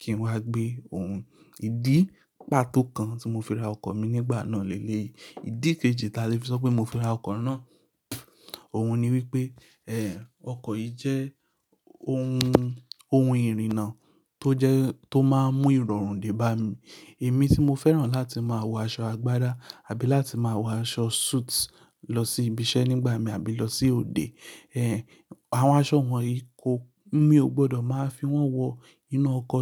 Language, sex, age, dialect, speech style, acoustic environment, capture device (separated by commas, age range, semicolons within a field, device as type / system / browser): Yoruba, male, 25-34, Nigeria - Lagos Yoruba, anxious, silence, mobile / Linux / Chrome